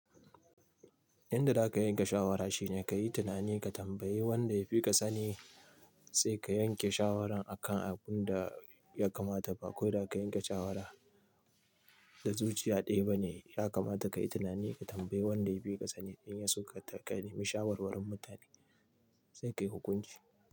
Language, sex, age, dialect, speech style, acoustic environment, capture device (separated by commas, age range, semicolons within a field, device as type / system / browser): Hausa, male, 25-34, Nigeria - Nigerian Standard, happy, silence, mobile / Linux / Chrome